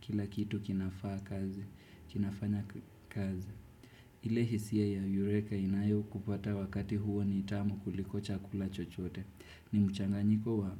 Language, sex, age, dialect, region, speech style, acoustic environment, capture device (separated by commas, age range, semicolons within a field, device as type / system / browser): Swahili, male, 18-24, Kenya - Nairobi Sheng-influenced, Kenya, happy; focused, nature; silence, desktop / Windows / Chrome